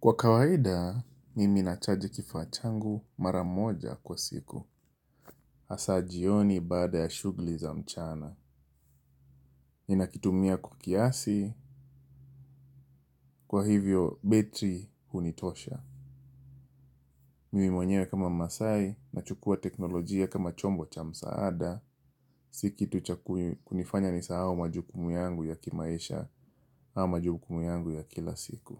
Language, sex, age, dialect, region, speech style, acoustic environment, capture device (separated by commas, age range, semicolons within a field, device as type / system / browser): Swahili, male, 25-34, Kenya - Mombasa, Kenya, happy; relaxed, silence, mobile / Linux / Chrome